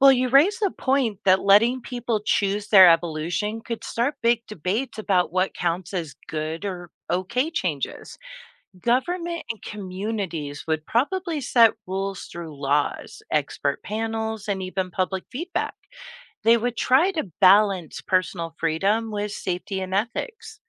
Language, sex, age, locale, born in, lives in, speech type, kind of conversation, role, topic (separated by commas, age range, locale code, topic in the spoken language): English, female, 45-49, en-US, United States, United States, read, expert-assistant, expert, What if humans could control their own evolution?